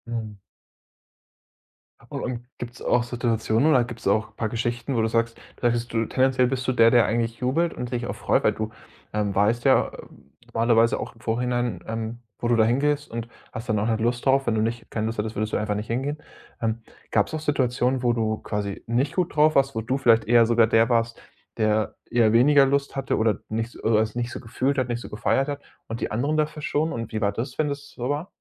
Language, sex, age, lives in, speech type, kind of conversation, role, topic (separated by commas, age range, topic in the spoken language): German, male, 25-29, Germany, conversation, podcast, host, Wie beeinflusst die Stimmung im Publikum deinen Eindruck von einer Band?